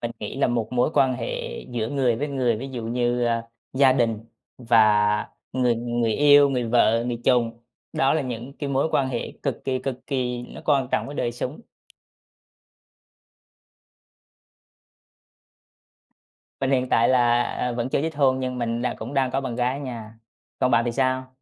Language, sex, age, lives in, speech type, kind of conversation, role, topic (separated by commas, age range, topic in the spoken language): Vietnamese, male, 25-29, Vietnam, conversation, unstructured, none, Tiền bạc ảnh hưởng như thế nào đến hạnh phúc của bạn?